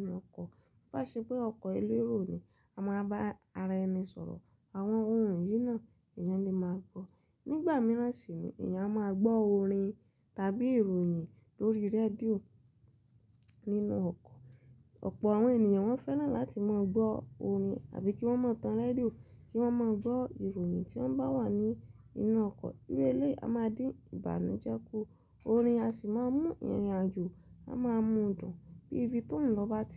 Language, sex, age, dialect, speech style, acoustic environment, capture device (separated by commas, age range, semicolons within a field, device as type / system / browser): Yoruba, female, 25-34, Nigeria - Lagos Yoruba, relaxed; happy; excited, silence, mobile / Linux / Chrome